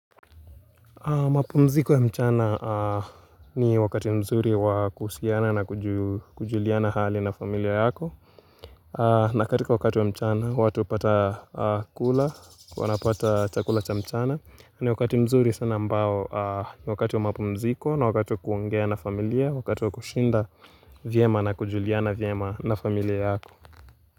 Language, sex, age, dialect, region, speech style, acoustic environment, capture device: Swahili, male, 18-24, Kenya - Nairobi Sheng-influenced, Kenya, excited, nature, mobile / Linux / Chrome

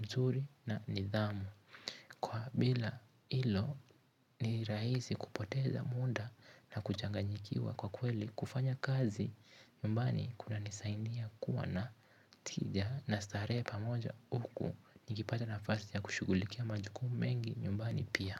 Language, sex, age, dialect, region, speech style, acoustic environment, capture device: Swahili, male, 18-24, Kenya - Mombasa, Kenya, relaxed, nature, mobile / Linux / Chrome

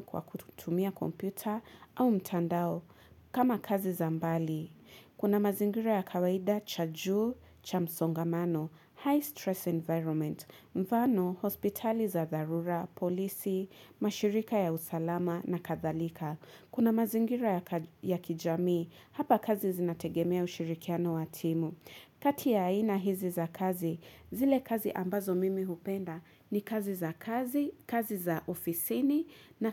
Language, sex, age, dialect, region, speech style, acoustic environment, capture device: Swahili, female, 25-34, Kenya - Mombasa, Kenya, relaxed, nature, mobile / Linux / Chrome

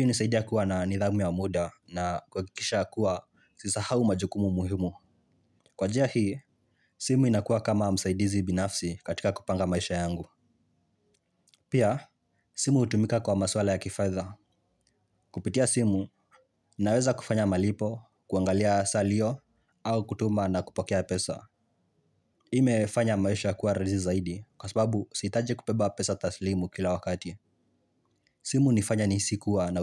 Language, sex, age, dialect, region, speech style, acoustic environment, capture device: Swahili, male, 18-24, Kenya - Nairobi Sheng-influenced, Kenya, relaxed, nature, mobile / Linux / Chrome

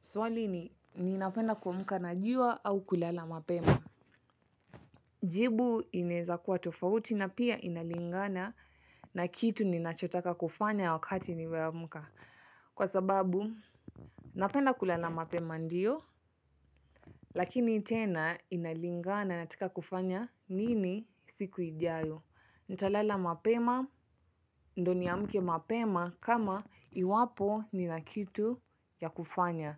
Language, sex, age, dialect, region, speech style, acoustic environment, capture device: Swahili, female, 25-34, Kenya - Mombasa, Kenya, relaxed; happy, silence, mobile / Linux / Chrome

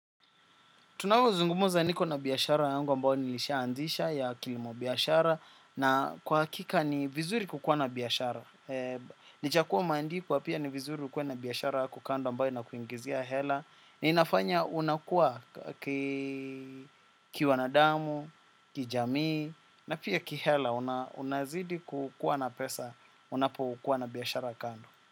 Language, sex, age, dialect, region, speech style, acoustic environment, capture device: Swahili, male, 25-34, Kenya - Nairobi Sheng-influenced, Kenya, happy, silence, desktop / macOS / Chrome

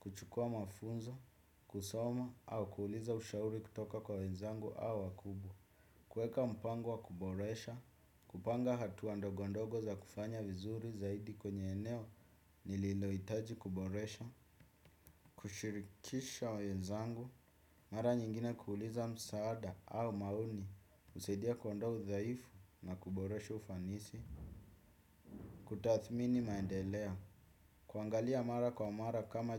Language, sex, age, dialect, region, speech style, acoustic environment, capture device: Swahili, male, 25-34, Kenya - Nairobi Sheng-influenced, Kenya, happy, music, desktop / Windows / Chrome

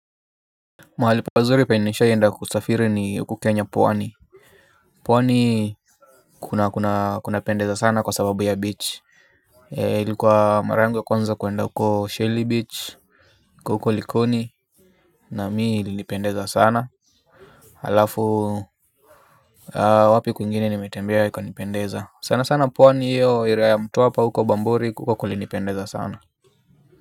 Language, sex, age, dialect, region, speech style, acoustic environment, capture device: Swahili, male, 25-34, Kenya - Nairobi Sheng-influenced, Kenya, relaxed, silence, mobile / Linux / Chrome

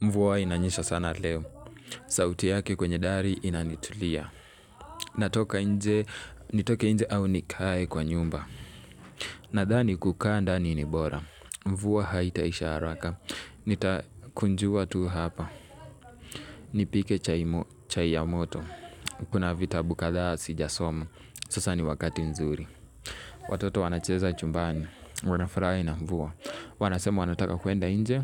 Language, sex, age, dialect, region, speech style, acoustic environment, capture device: Swahili, male, 18-24, Kenya - Nairobi Sheng-influenced, Kenya, anxious, people talking, mobile / Linux / Chrome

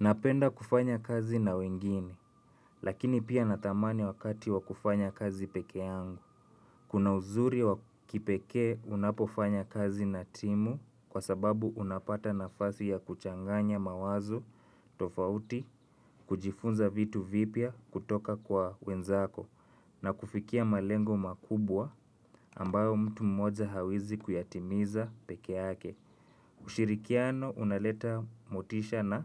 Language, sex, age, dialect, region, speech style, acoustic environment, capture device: Swahili, male, 25-34, Kenya - Nairobi Sheng-influenced, Kenya, nervous, nature, mobile / Linux / Chrome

